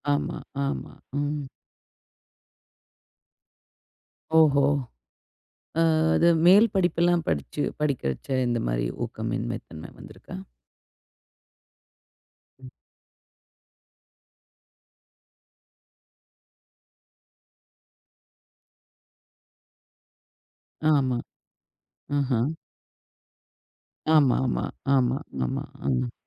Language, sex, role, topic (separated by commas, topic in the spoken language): Tamil, female, host, கற்றலில் ஊக்கமின்மை வந்தால் நீங்கள் என்ன செய்வீர்கள்?